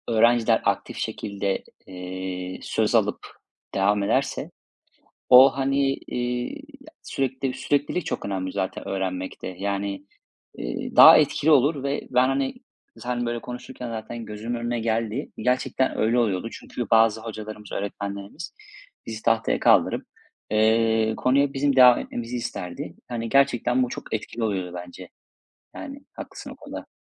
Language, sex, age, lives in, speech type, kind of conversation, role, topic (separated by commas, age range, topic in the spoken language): Turkish, male, 35-39, Spain, conversation, unstructured, none, Sence öğrenmenin en eğlenceli yolu nedir?